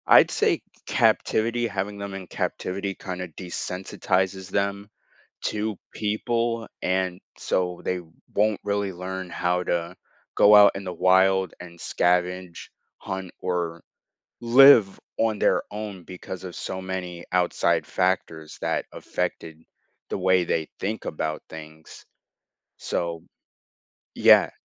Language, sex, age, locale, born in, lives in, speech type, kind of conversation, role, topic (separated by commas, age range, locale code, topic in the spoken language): English, male, 18-19, en-US, United States, United States, conversation, podcast, guest, How can individuals make a real difference in protecting endangered species?